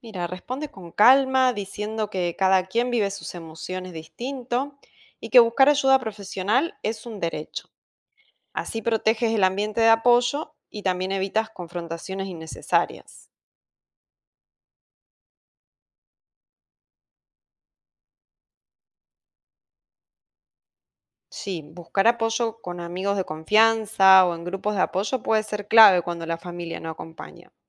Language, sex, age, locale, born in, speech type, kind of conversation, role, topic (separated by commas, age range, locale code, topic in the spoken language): Spanish, female, 40-44, es-AR, Argentina, read, expert-assistant, expert, ¿Cuáles son las razones por las que las personas evitan buscar ayuda para problemas de salud mental?